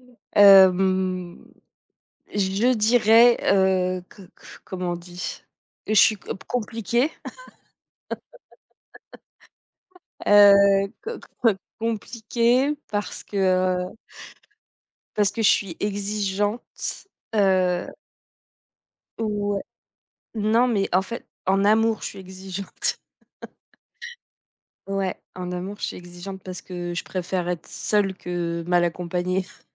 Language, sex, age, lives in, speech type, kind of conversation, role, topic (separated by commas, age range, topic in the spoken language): French, female, 35-39, France, conversation, unstructured, none, Comment décrirais-tu ta personnalité en quelques mots ?